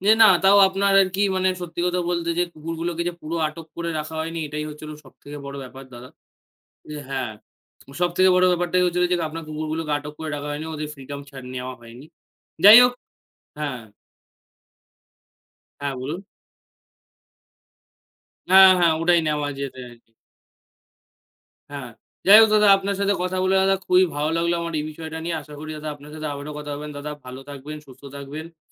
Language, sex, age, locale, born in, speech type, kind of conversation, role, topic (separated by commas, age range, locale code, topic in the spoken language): Bengali, male, 18-19, bn-IN, India, conversation, unstructured, none, আপনার প্রিয় প্রাণী কোনটি, আর কেন?